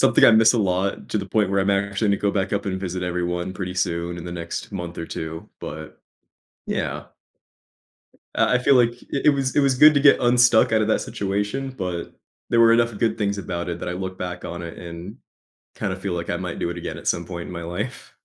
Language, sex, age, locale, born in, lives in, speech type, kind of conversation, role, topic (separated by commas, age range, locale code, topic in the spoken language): English, male, 25-29, en-US, United States, United States, conversation, advice, user, How can I transition out of a job I don't like?